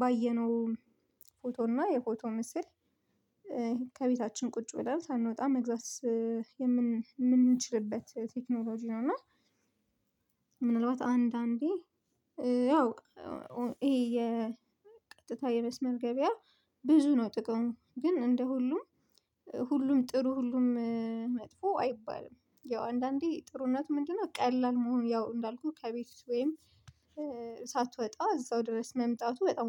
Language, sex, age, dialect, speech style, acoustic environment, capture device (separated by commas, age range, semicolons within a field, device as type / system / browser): Amharic, female, 25-34, Ethiopia - Addis Ababa, focused, silence; appliances, mobile / Linux / Chrome